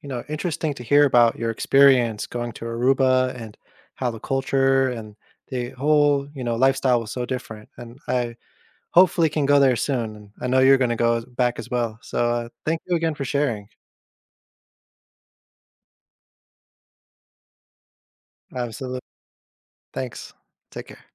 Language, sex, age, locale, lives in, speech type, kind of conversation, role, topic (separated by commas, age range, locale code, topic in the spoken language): English, male, 30-34, en-US, United States, conversation, podcast, host, How has learning about a different culture changed your perspective or challenged your assumptions?